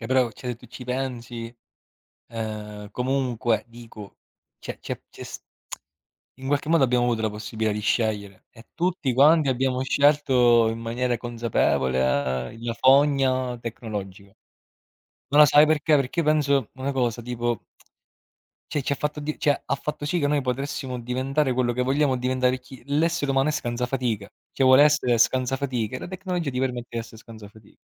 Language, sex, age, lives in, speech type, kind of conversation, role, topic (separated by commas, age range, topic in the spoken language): Italian, male, 30-34, Italy, conversation, unstructured, none, Perché pensi che tante persone si sentano sole nonostante la tecnologia?